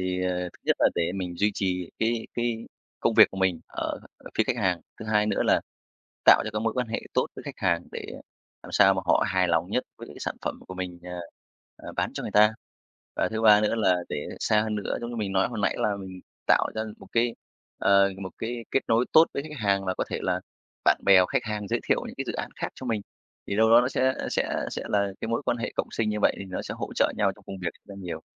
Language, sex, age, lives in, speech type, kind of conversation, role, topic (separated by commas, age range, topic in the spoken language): Vietnamese, male, 35-39, Vietnam, conversation, podcast, guest, Làm thế nào để duy trì mối quan hệ sau khi dự án kết thúc?